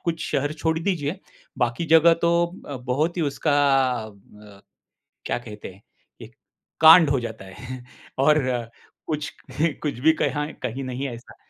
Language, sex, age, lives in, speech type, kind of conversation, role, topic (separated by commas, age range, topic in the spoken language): Hindi, male, 50-54, India, conversation, podcast, host, Kya tumhe lagta hai ki samajik madhyam se sachchi bonding ho sakti hai?